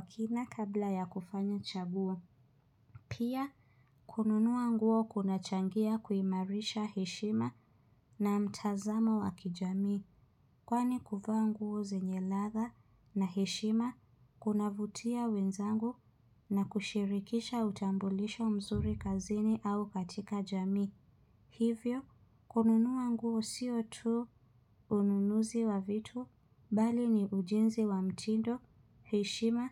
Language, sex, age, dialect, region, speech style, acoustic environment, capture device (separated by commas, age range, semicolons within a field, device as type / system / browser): Swahili, female, 25-34, Kenya - Nairobi Sheng-influenced, Kenya, focused, silence, mobile / Linux / Chrome